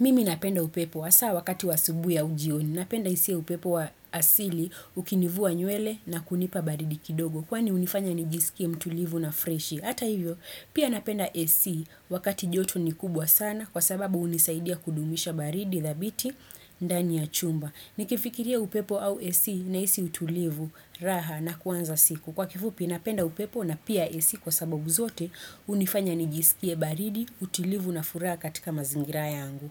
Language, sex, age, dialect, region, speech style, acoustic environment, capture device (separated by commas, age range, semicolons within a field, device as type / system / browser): Swahili, female, 25-34, Kenya - Nairobi Sheng-influenced, Kenya, happy, nature, desktop / Windows / Chrome